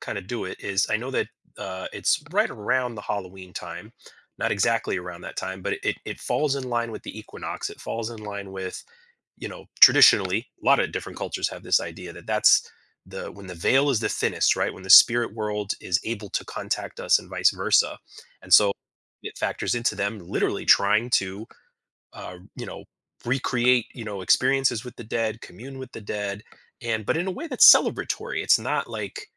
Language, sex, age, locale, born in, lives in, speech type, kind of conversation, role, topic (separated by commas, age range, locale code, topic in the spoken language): English, male, 40-44, en-US, United States, United States, conversation, podcast, host, How do cultural beliefs shape the ways people honor and remember those who have passed away?